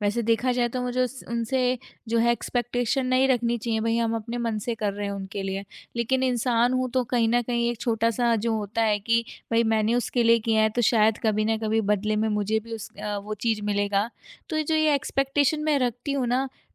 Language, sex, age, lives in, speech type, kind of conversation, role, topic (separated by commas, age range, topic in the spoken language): Hindi, female, 25-29, India, conversation, advice, user, किसी को ‘ना’ कहने पर आपको दोषी क्यों महसूस होता है?